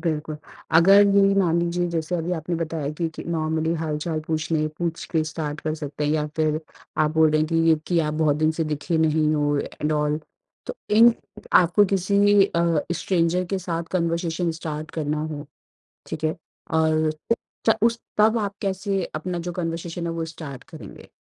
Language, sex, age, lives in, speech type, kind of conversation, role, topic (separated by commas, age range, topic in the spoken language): Hindi, female, 30-34, India, conversation, podcast, host, Aapka conversation shuru karne ka sabse pasandida tareeka kya hota hai?